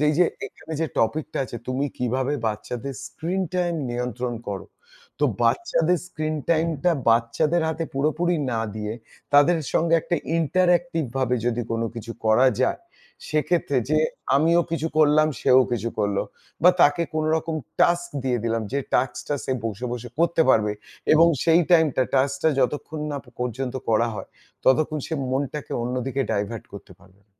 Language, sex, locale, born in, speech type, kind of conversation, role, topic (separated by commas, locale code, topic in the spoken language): Bengali, male, bn-IN, India, conversation, podcast, guest, আপনি কীভাবে শিশুদের পর্দায় কাটানো সময় নিয়ন্ত্রণ করেন?